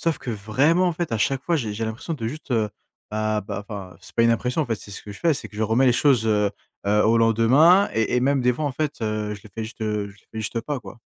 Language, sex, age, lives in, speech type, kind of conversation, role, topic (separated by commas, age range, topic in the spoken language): French, male, 20-24, France, conversation, advice, user, Pourquoi est-ce que je procrastine de façon chronique sur des tâches importantes ?